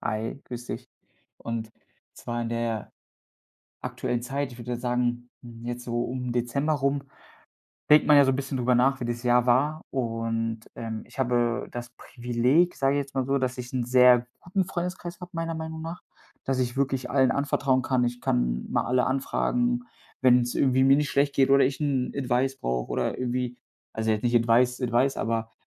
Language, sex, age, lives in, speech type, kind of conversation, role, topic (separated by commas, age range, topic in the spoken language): German, male, 25-29, Germany, conversation, advice, user, Wie kann ich freundlich Nein zu Anfragen sagen, ohne mich schuldig zu fühlen?